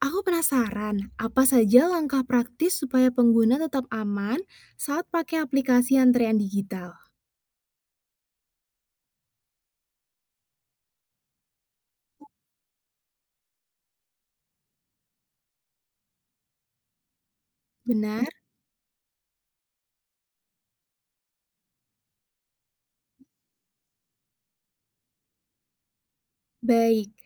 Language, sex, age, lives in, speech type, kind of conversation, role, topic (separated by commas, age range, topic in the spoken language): Indonesian, female, 20-24, Indonesia, read, expert-assistant, asker, Apa yang membuat orang di Indonesia punya cara berbeda dalam menghadapi antrian atau pelayanan publik?